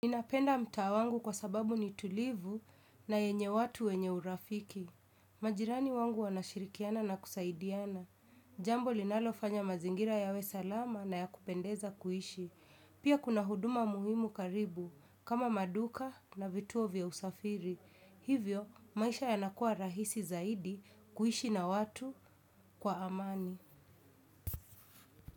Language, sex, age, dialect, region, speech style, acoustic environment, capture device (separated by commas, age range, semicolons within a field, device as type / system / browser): Swahili, female, 25-34, Kenya - Nairobi Sheng-influenced, Kenya, relaxed, silence, desktop / Windows / Chrome